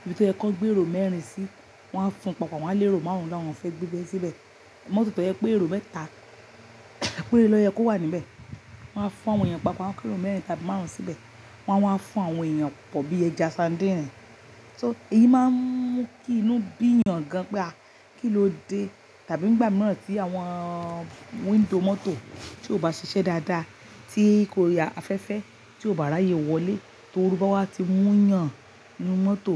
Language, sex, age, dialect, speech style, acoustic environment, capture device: Yoruba, female, 45-54, Nigeria - Lagos Yoruba, happy, silence, mobile / Linux / Chrome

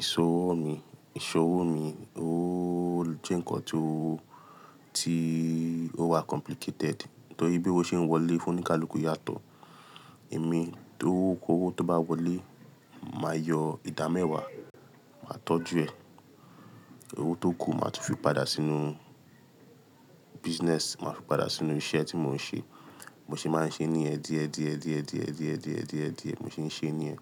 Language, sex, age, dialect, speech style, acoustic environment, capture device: Yoruba, male, 25-34, Nigeria - Lagos Yoruba, tired, people talking, mobile / Linux / Chrome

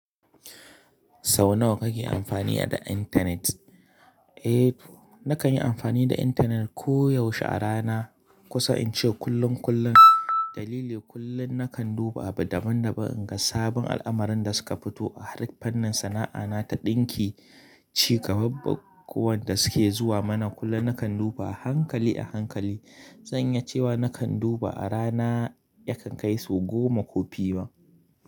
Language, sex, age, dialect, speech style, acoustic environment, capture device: Hausa, male, 25-34, Nigeria - Kano, happy; relaxed; focused; excited, nature, mobile / Linux / Chrome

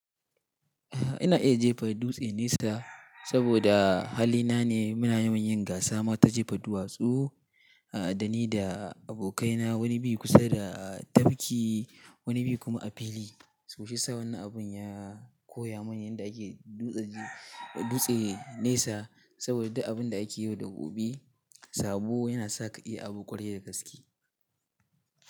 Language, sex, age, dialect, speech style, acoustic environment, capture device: Hausa, male, 18-24, Nigeria - Katsina, happy; anxious; relaxed; excited; focused; nervous, nature; silence, mobile / Linux / Chrome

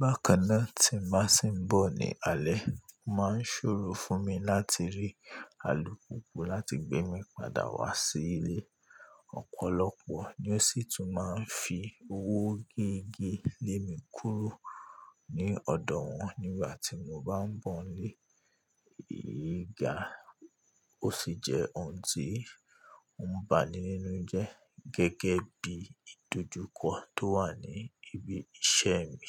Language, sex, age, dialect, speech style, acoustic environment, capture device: Yoruba, male, 25-34, Nigeria - Ibadan, happy; anxious; relaxed; focused; excited, nature; traffic; appliances, mobile / Linux / Chrome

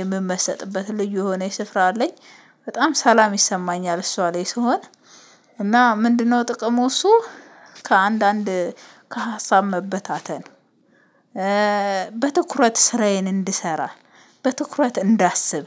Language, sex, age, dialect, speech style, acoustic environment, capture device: Amharic, female, 25-34, Ethiopia - Addis Ababa, happy, silence, mobile / Linux / Chrome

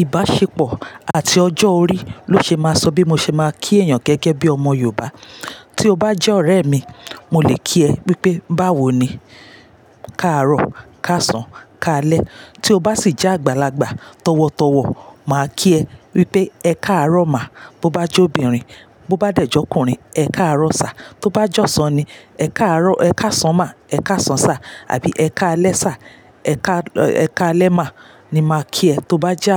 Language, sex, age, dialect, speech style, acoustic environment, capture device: Yoruba, female, 35-44, Nigeria - Lagos Yoruba, happy, silence; nature, mobile / Linux / Chrome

